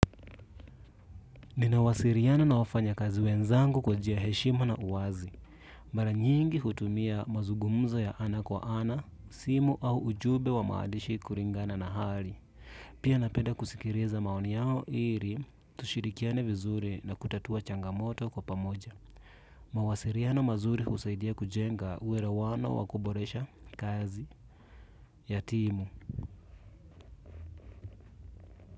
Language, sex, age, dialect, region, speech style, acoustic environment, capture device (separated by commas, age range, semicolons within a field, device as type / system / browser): Swahili, male, 25-34, Kenya - Nairobi Sheng-influenced, Kenya, relaxed; focused, silence, desktop / Windows / Chrome